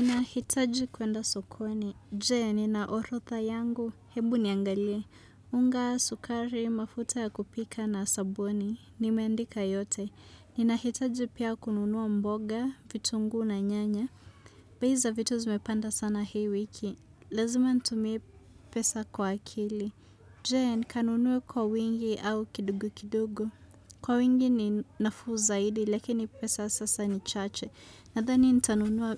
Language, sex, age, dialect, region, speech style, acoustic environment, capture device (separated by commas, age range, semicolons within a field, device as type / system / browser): Swahili, female, 25-34, Kenya - Nairobi Sheng-influenced, Kenya, relaxed, silence, desktop / Windows / Chrome